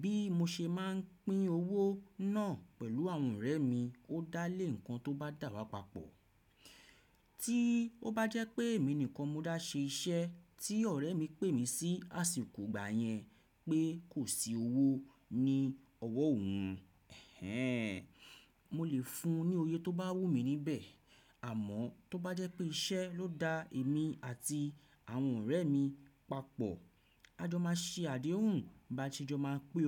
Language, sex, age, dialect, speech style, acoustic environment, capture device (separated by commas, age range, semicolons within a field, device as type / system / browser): Yoruba, male, 35-44, Nigeria - Nigerian Standard, relaxed, silence, desktop / Windows / Chrome